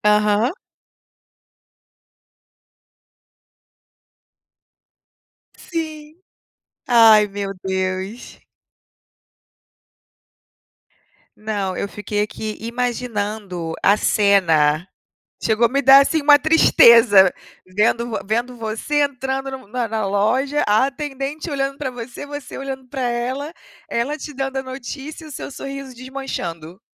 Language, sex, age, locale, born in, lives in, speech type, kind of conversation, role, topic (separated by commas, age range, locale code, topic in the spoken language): Portuguese, female, 35-39, pt-BR, Brazil, United States, conversation, unstructured, none, Que comida te lembra a infância e por quê?